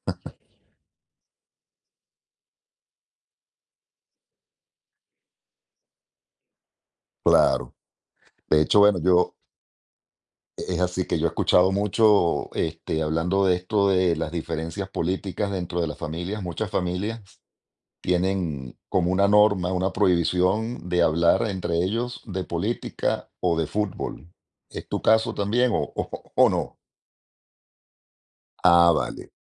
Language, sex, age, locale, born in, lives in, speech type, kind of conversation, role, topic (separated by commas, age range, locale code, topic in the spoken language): Spanish, male, 45-49, es-VE, Venezuela, Spain, conversation, podcast, host, ¿Cómo estableces límites sin sentirte egoísta?